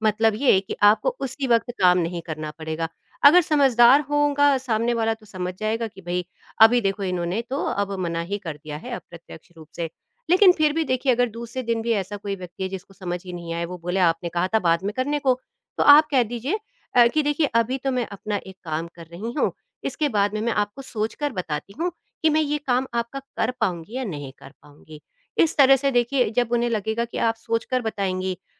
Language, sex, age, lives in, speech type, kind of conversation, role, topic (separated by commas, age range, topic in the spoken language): Hindi, female, 50-54, India, conversation, advice, advisor, मैं कैसे अपनी सीमाएँ साफ़-साफ़ बता सकती/सकता हूँ और सिर्फ़ किसी को शांत रखने के लिए ‘हाँ’ कहने से कैसे बच सकती/सकता हूँ?